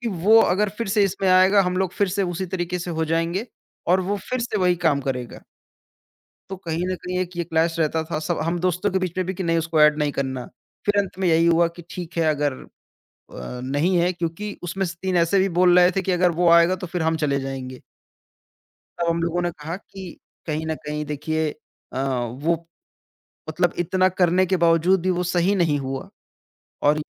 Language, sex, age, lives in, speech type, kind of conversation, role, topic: Hindi, male, 20-24, India, conversation, podcast, guest, Toxic situation se nikalne ke liye tumne kya approach apnaaya?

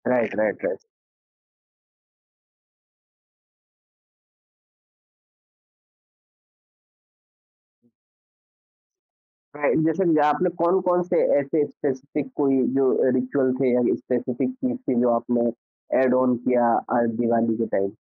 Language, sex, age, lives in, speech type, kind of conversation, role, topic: Hindi, male, 25-29, India, conversation, podcast, host, Kaunsi family tradition tumne do cultures ko mila kar banayi hai, aur woh kaise shuru hui?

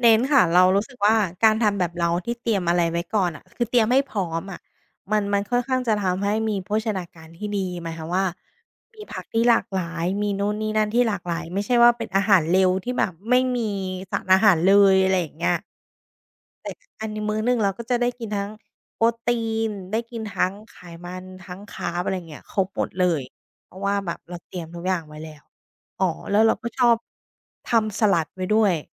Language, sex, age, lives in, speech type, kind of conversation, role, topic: Thai, female, 30-34, Thailand, conversation, podcast, guest, คุณมีเคล็ดลับทำอาหารให้เสร็จเร็วเมื่อมีเวลาน้อยอย่างไรบ้าง?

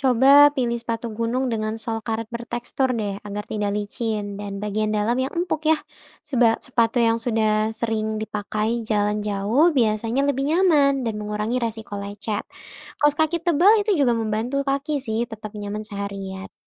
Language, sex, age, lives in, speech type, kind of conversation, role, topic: Indonesian, female, 20-24, Indonesia, read, expert-assistant, expert, Bagaimana cara persiapan hiking dan camping pertama kali agar seru dan nyaman untuk pemula?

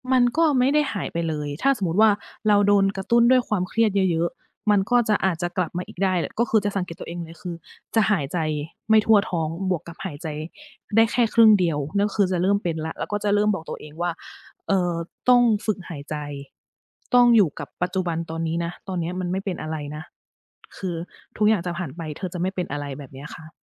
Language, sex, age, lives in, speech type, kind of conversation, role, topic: Thai, female, 40-44, Thailand, conversation, unstructured, none, คุณเคยรู้สึกไหมว่าการให้อภัยคนอื่นยากกว่าการให้อภัยตัวเอง?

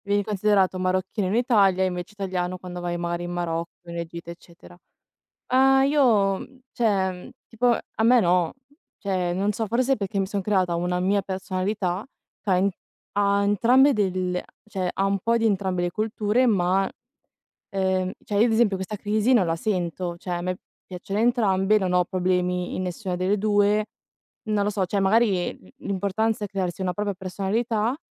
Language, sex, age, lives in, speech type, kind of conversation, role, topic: Italian, female, 20-24, Italy, conversation, unstructured, none, Come pensi che la perdita delle tradizioni influenzi le nuove generazioni?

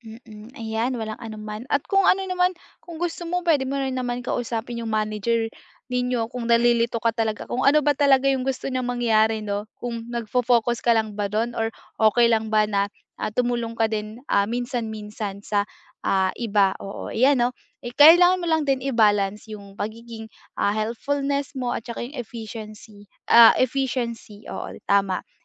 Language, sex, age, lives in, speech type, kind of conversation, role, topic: Filipino, female, 20-24, Philippines, conversation, advice, advisor, Paano ako makakaiwas sa mga distraksyon habang nagtatrabaho?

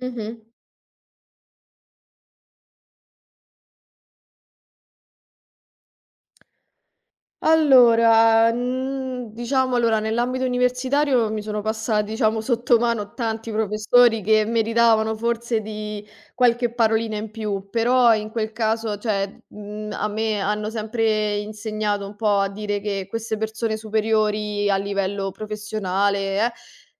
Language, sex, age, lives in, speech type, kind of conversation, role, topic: Italian, female, 25-29, Italy, conversation, podcast, guest, Come capisci quando è il momento giusto di dire la verità, anche se è scomoda?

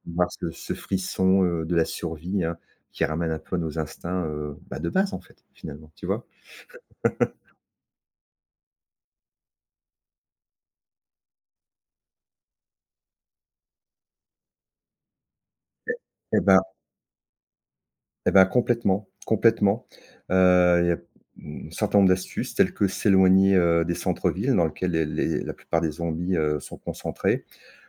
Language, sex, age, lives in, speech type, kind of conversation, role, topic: French, male, 50-54, France, conversation, podcast, guest, Quelle série te permet de vraiment décrocher après une grosse journée ?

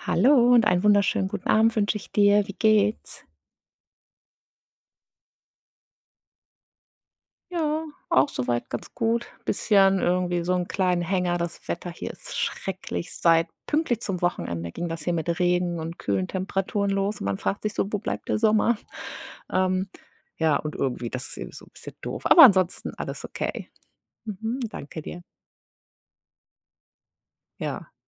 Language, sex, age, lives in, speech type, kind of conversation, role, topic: German, female, 40-44, United States, conversation, unstructured, none, Welche Erfindung findest du in letzter Zeit am spannendsten?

